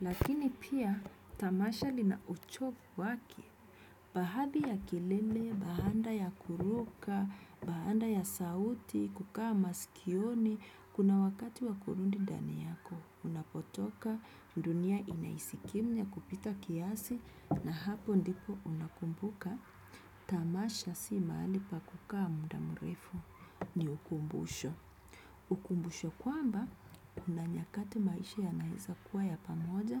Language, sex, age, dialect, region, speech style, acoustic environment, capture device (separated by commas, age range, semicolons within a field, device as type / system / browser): Swahili, female, 25-34, Kenya - Nairobi Sheng-influenced, Kenya, anxious; focused, nature; people talking, desktop / Windows / Chrome